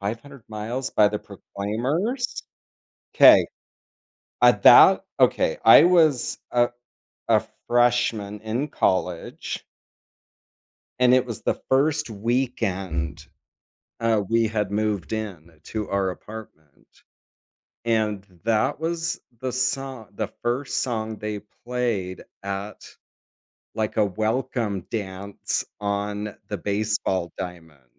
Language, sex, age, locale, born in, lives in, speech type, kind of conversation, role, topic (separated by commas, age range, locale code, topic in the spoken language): English, male, 50-54, en-US, United States, United States, conversation, podcast, host, What song reminds you of a special memory?